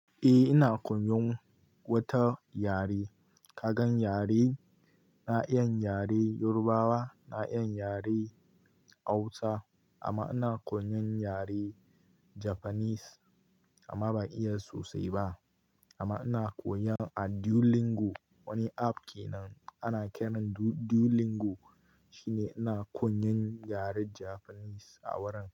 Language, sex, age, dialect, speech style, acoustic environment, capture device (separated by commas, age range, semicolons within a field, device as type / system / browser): Hausa, male, 18-24, Nigeria - Kano, relaxed; excited, nature, mobile / Linux / Chrome